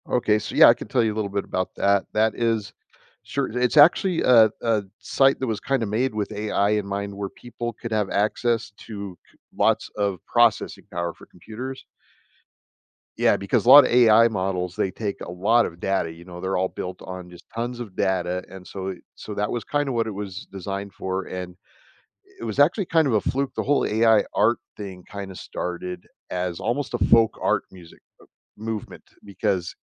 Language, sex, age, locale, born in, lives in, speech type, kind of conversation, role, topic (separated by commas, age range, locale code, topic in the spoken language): English, male, 55-59, en-US, United States, United States, conversation, advice, user, How can I manage stress without burning out?